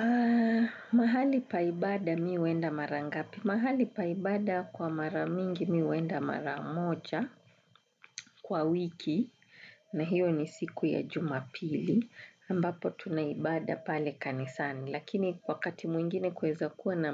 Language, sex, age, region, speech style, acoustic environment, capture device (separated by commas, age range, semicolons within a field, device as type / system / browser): Swahili, female, 35-44, Kenya, tired, music, desktop / Windows / Chrome